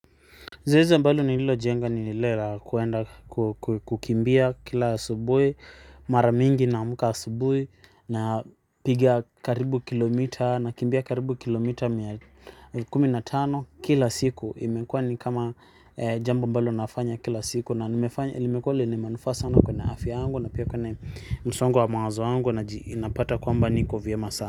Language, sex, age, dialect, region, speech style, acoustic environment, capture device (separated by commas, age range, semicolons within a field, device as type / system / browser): Swahili, male, 25-34, Kenya - Nairobi Sheng-influenced, Kenya, happy, silence, mobile / Linux / Chrome